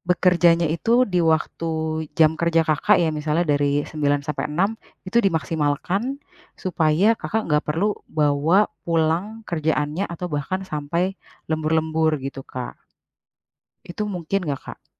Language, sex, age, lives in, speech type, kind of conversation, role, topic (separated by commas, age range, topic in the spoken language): Indonesian, female, 30-34, Indonesia, conversation, advice, advisor, Bagaimana cara menyeimbangkan pekerjaan startup saya dengan kehidupan pribadi dan keluarga?